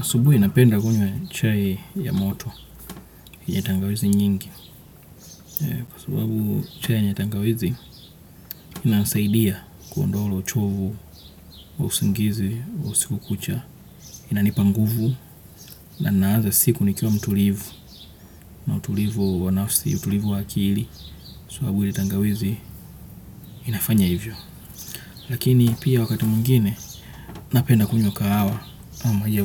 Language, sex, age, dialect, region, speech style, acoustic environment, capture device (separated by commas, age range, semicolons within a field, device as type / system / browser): Swahili, male, 25-34, Kenya - Nairobi Sheng-influenced, Kenya, focused; happy, nature; people talking, desktop / Windows / Chrome